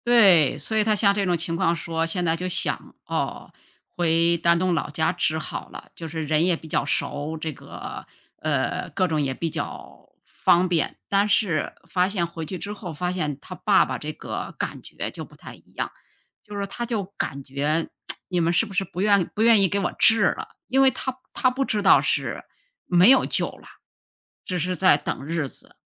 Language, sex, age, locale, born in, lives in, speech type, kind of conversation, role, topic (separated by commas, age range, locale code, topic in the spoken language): Chinese, female, 55-59, zh-CN, China, United States, conversation, unstructured, none, 你觉得在什么情况下撒谎是可以接受的？